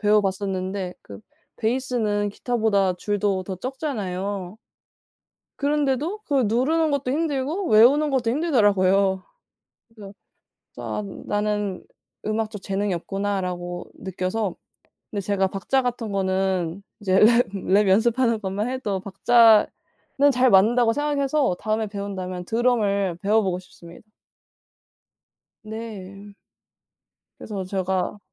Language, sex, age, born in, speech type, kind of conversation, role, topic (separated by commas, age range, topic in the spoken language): Korean, female, 20-24, South Korea, conversation, unstructured, none, 취미로 시작했는데 힘들었던 경험이 있나요?